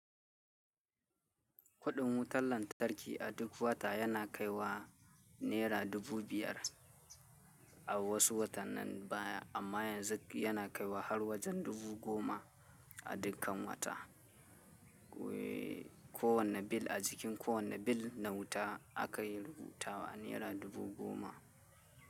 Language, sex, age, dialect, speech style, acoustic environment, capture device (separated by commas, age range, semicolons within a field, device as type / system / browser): Hausa, male, 18-24, Nigeria - Kano, happy, nature, mobile / Linux / Chrome